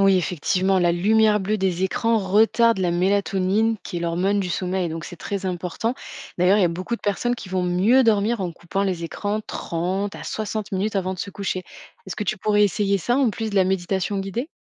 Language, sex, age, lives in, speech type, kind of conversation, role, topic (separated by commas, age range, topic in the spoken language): French, female, 25-29, France, read, expert-assistant, expert, On entend souvent dire que « le cerveau ne s’arrête jamais de fonctionner, même en dormant ». Mais que se passe-t-il réellement dans le corps et le cerveau pendant le sommeil ? Y a-t-il des idées fausses courantes à ce sujet ?